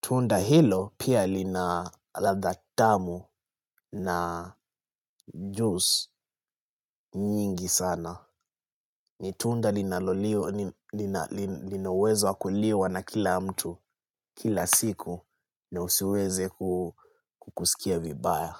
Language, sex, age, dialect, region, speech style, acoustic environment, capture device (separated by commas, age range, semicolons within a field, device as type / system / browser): Swahili, male, 25-34, Kenya - Nairobi Sheng-influenced, Kenya, focused; excited, silence, desktop / Linux / Chrome